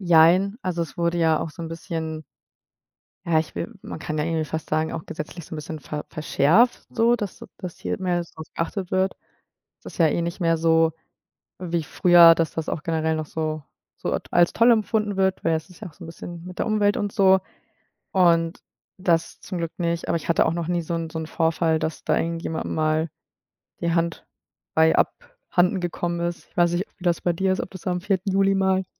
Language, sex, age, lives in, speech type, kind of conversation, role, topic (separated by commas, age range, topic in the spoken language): German, female, 25-29, Germany, conversation, unstructured, none, Was ist dein liebstes Fest und warum?